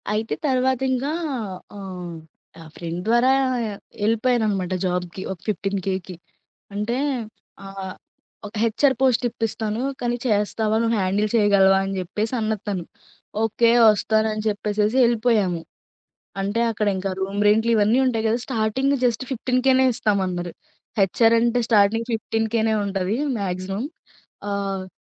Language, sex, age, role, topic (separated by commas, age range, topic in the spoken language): Telugu, female, 20-24, guest, వైఫల్యాన్ని విజయంగా మార్చుకున్న ఒక ఉదాహరణను చెప్పగలరా?